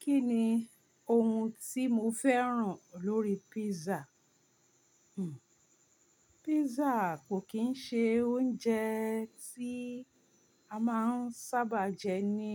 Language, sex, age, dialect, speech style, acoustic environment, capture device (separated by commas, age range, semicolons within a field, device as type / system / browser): Yoruba, female, 45-54, Nigeria - Ibadan, relaxed, silence, mobile / Linux / Chrome